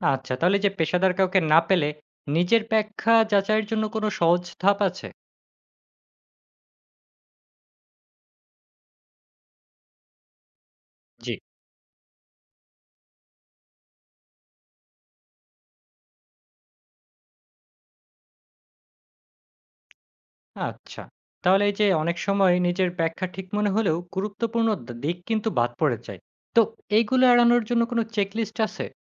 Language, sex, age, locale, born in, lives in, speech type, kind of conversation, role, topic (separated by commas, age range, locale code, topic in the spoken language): Bengali, male, 20-24, bn-BD, Bangladesh, Bangladesh, read, expert-assistant, asker, বিশ্বস্ত মানসিক স্বাস্থ্য তথ্য চেনার সহজ উপায় কী?